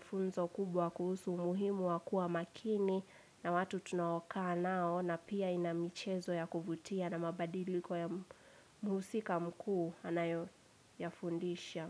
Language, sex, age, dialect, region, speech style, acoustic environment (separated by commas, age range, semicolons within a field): Swahili, female, 18-24, Kenya - Nairobi Sheng-influenced, Kenya, relaxed; focused, silence; nature